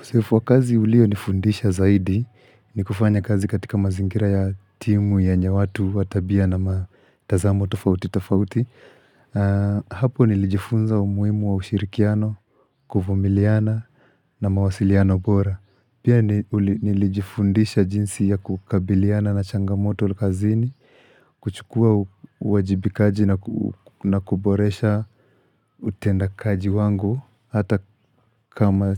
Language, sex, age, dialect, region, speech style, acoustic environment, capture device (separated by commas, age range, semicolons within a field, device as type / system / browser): Swahili, male, 25-34, Kenya - Nairobi Sheng-influenced, Kenya, relaxed, people talking, mobile / Linux / Chrome